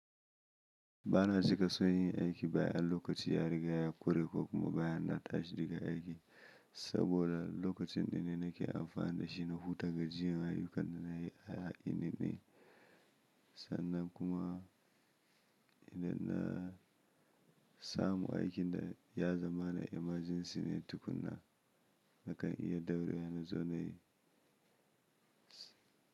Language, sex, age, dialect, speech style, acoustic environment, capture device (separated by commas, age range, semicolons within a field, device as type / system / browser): Hausa, male, 25-34, Nigeria - Kano, happy; anxious, silence, mobile / Linux / Chrome